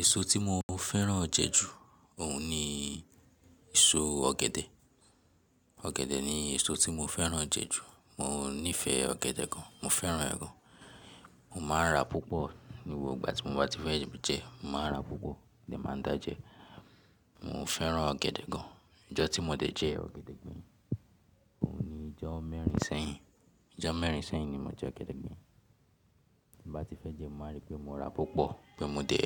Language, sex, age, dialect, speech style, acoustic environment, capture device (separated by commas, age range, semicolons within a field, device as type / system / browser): Yoruba, male, 18-24, Nigeria - Lagos Yoruba, relaxed, silence; people talking, mobile / Linux / Chrome